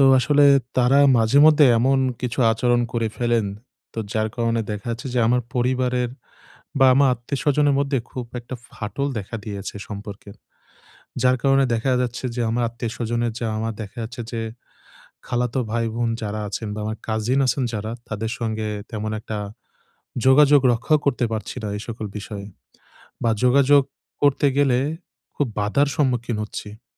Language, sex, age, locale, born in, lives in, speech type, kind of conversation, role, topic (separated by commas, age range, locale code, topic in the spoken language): Bengali, male, 25-29, bn-BD, Bangladesh, Bangladesh, conversation, advice, user, আমি কীভাবে পরিবারের পুরনো আচরণ বদলে ঘরে শান্তি ফিরিয়ে আনতে পারি?